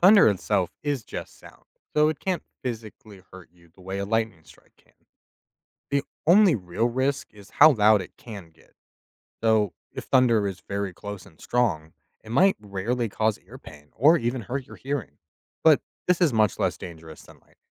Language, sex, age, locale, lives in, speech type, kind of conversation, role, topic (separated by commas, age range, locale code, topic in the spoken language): English, male, 25-29, en-US, United States, read, expert-assistant, expert, Why does lightning appear before thunder is heard?